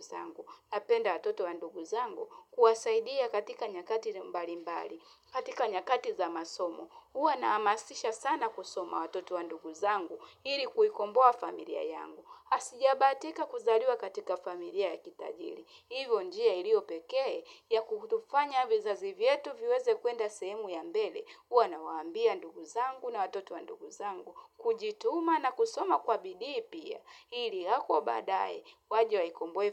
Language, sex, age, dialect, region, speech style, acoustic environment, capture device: Swahili, female, 35-44, Tanzania - Dar es Salaam, Tanzania, happy; relaxed, nature, mobile / Linux / Chrome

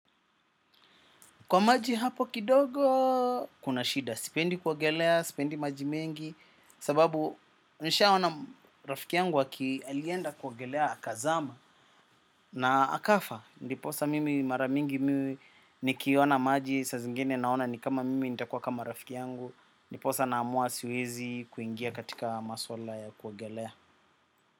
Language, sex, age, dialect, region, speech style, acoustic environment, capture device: Swahili, male, 25-34, Kenya - Nairobi Sheng-influenced, Kenya, happy, silence, desktop / macOS / Chrome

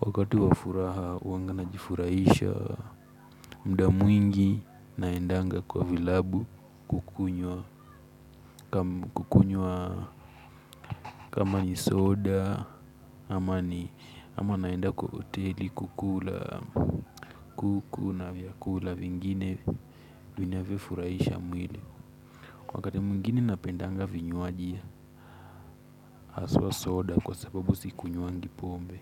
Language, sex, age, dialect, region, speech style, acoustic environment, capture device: Swahili, male, 18-24, Kenya - Mombasa, Kenya, focused, silence, mobile / Linux / Chrome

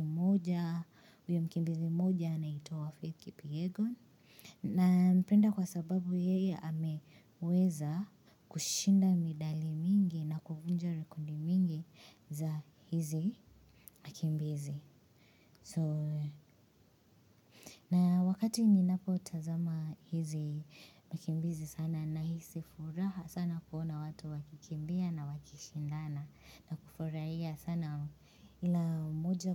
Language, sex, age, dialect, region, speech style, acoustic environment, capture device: Swahili, female, 25-34, Kenya - Nairobi Sheng-influenced, Kenya, happy; anxious, silence, mobile / Linux / Chrome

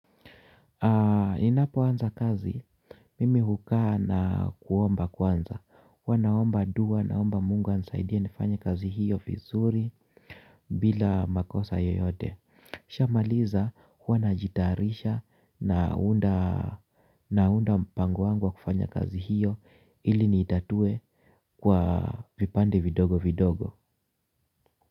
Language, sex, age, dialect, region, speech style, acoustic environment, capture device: Swahili, male, 45-54, Kenya - Nairobi Sheng-influenced, Kenya, happy, silence, mobile / Linux / Chrome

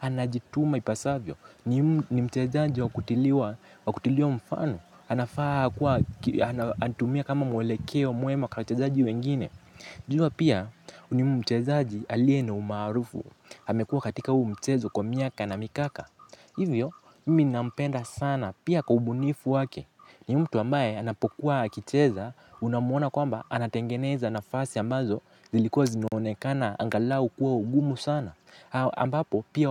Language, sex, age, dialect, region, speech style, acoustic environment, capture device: Swahili, male, 18-24, Kenya - Mombasa, Kenya, relaxed; focused, nature; silence, mobile / Linux / Chrome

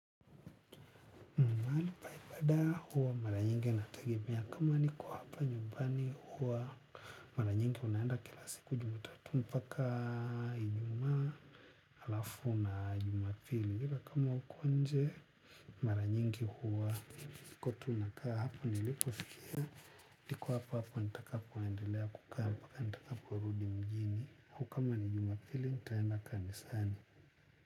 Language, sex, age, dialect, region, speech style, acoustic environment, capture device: Swahili, male, 25-34, Tanzania - Dar es Salaam, Tanzania, relaxed, music; people talking; nature; appliances, mobile / Linux / Chrome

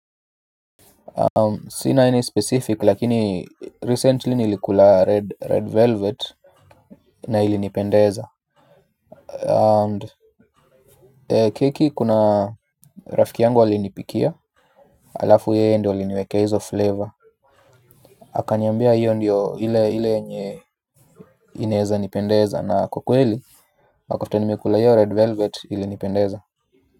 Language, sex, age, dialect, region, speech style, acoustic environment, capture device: Swahili, male, 25-34, Kenya - Nairobi Sheng-influenced, Kenya, relaxed, silence, mobile / Linux / Chrome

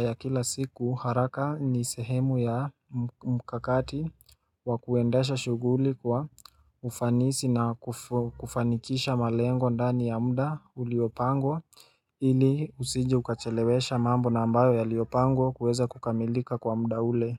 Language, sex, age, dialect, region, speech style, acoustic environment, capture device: Swahili, male, 18-24, Kenya - Nairobi Sheng-influenced, Kenya, relaxed, silence, mobile / Linux / Chrome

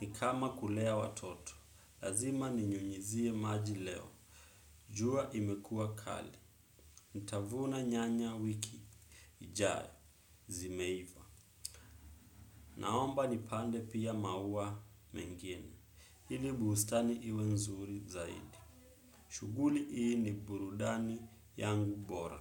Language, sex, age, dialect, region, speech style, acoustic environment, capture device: Swahili, male, 25-34, Kenya - Nairobi Sheng-influenced, Kenya, focused, people talking, desktop / Windows / Chrome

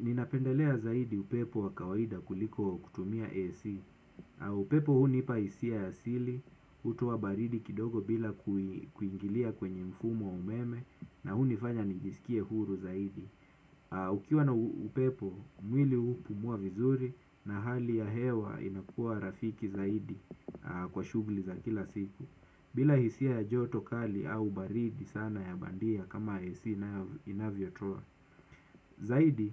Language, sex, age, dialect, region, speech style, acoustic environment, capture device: Swahili, male, 25-34, Kenya - Mombasa, Kenya, nervous, silence, desktop / Windows / Chrome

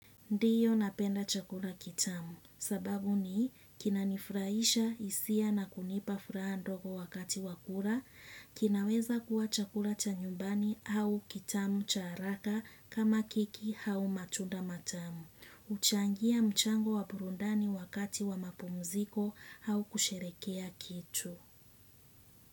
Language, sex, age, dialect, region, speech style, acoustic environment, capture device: Swahili, female, 25-34, Kenya - Mombasa, Kenya, relaxed, silence; nature, desktop / Windows / Chrome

